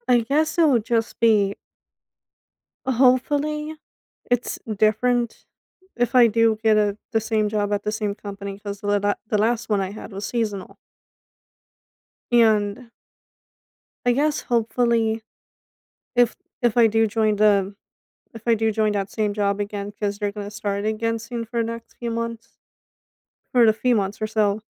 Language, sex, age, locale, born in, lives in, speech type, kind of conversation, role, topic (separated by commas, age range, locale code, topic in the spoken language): English, female, 25-29, en-US, United States, United States, conversation, advice, user, How can I make a strong, professional impression in my first weeks at a new job without coming across as overbearing?